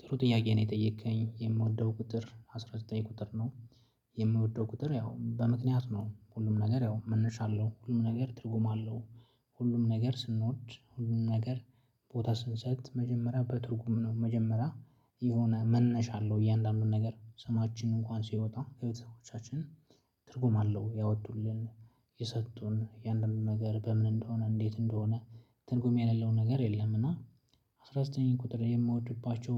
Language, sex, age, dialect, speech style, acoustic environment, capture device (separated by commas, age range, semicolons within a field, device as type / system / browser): Amharic, male, 25-34, Ethiopia - Addis Ababa, focused, nature, mobile / Linux / Chrome